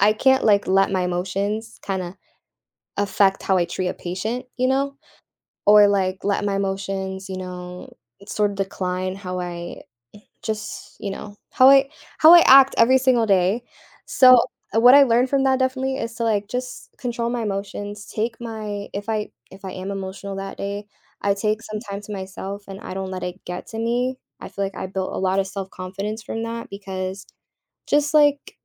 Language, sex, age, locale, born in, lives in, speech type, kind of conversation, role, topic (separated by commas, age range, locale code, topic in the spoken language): English, female, 18-19, en-US, United States, United States, conversation, podcast, guest, How can we turn our mistakes into opportunities for growth?